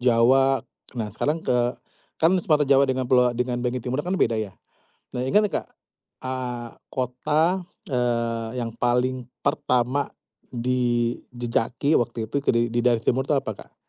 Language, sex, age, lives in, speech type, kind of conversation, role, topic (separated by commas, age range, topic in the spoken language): Indonesian, male, 35-39, Indonesia, conversation, podcast, host, Ceritakan satu perjalanan yang mengubah pandangan hidupmu, ya?